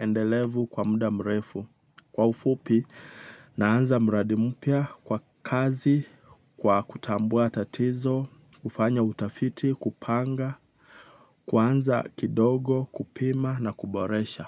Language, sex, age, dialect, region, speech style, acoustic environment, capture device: Swahili, male, 25-34, Kenya - Nairobi Sheng-influenced, Kenya, relaxed, nature, mobile / Linux / Chrome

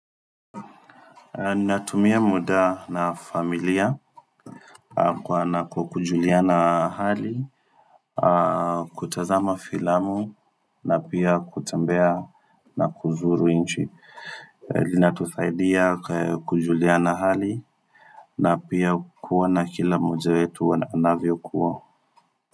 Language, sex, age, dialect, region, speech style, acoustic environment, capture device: Swahili, male, 25-34, Kenya - Nairobi Sheng-influenced, Kenya, focused, silence, desktop / Windows / Firefox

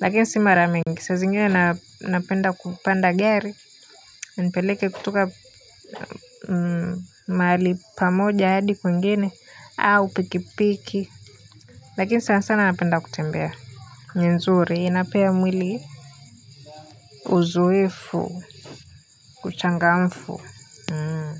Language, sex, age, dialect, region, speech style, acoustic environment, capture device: Swahili, female, 18-24, Kenya - Nairobi Sheng-influenced, Kenya, happy, people talking; silence; nature, mobile / Linux / Chrome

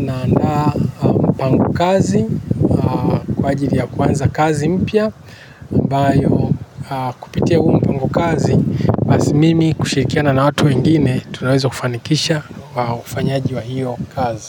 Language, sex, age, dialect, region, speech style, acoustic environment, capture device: Swahili, male, 45-54, Kenya - Nairobi Sheng-influenced, Kenya, relaxed, people talking; nature; traffic, desktop / Linux / Chrome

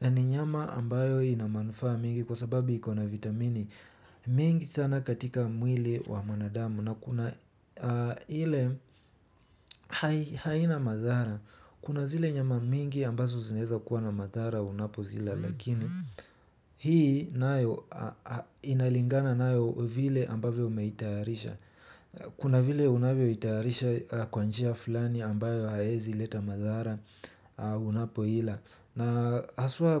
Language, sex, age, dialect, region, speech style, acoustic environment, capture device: Swahili, male, 18-24, Kenya - Nairobi Sheng-influenced, Kenya, relaxed, nature, mobile / Linux / Chrome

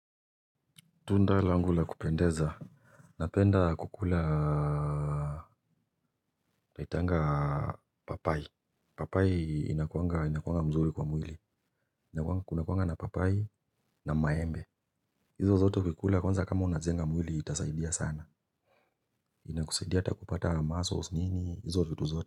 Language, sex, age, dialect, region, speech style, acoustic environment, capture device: Swahili, male, 25-34, Kenya - Nairobi Sheng-influenced, Kenya, happy, silence, mobile / Linux / Chrome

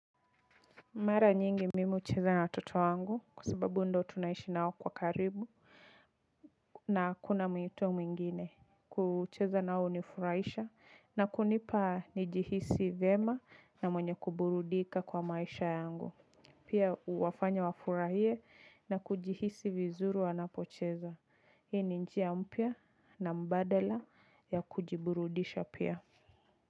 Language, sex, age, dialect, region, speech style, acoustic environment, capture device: Swahili, female, 25-34, Kenya - Nairobi Sheng-influenced, Kenya, relaxed, music; people talking, mobile / Linux / Chrome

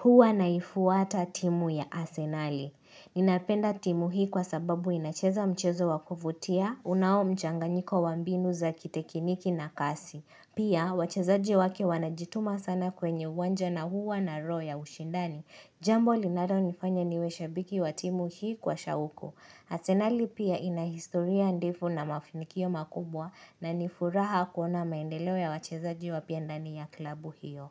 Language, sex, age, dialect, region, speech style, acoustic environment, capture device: Swahili, female, 25-34, Kenya - Nairobi Sheng-influenced, Kenya, relaxed, silence, mobile / Linux / Chrome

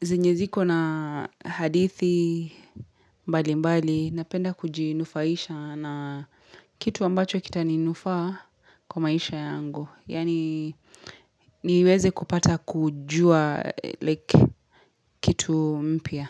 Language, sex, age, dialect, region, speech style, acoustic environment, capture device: Swahili, female, 25-34, Kenya - Mombasa, Kenya, happy; excited; focused; relaxed, silence, mobile / Linux / Chrome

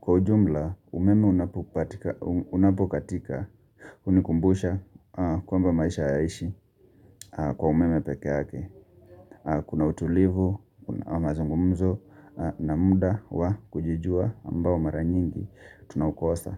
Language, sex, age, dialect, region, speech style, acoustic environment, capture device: Swahili, male, 25-34, Kenya - Mombasa, Kenya, relaxed, people talking, mobile / Linux / Chrome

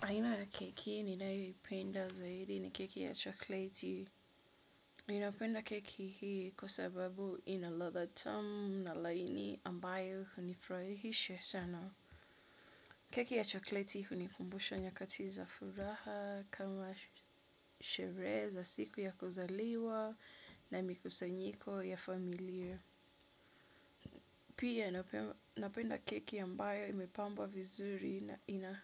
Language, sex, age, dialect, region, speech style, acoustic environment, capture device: Swahili, female, 25-34, Kenya - Mombasa, Kenya, relaxed, nature; appliances, desktop / Windows / Edge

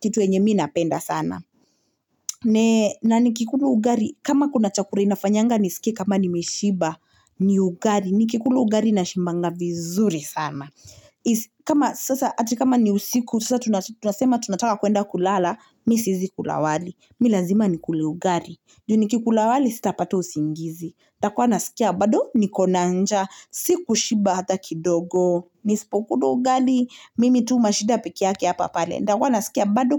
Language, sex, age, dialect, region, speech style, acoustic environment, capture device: Swahili, female, 25-34, Kenya - Nairobi Sheng-influenced, Kenya, relaxed; happy, silence, mobile / Linux / Chrome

